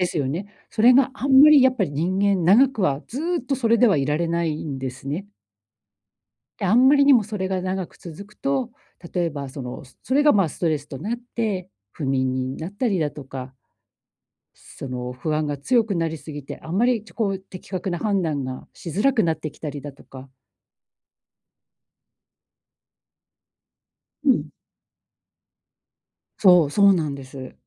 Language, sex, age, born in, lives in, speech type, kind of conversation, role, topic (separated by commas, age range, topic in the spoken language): Japanese, female, 45-49, Japan, Japan, conversation, advice, advisor, 休暇中に不安や罪悪感を感じたとき、どう対処すればいいですか？